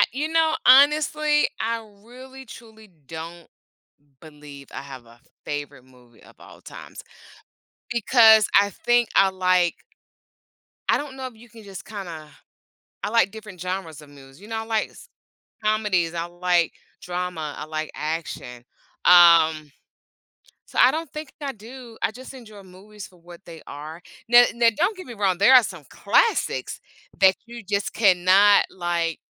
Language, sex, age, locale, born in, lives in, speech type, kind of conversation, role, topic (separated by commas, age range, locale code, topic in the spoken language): English, female, 45-49, en-US, United States, United States, conversation, podcast, guest, Why do some movies leave a lasting impression on us while others fade from memory?